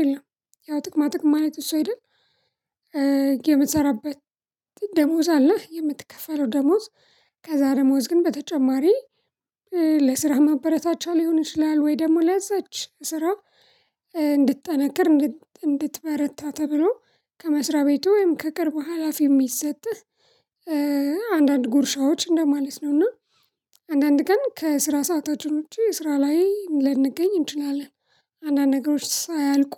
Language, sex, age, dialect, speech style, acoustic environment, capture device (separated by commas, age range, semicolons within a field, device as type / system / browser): Amharic, female, 25-34, Ethiopia - Addis Ababa, relaxed; excited, silence, mobile / Linux / Chrome